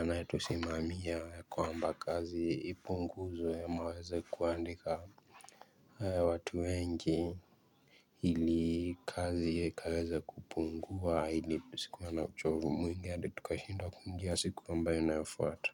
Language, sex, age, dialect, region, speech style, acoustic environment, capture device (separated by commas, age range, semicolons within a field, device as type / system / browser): Swahili, male, 18-24, Kenya - Mombasa, Kenya, nervous, people talking, mobile / Linux / Chrome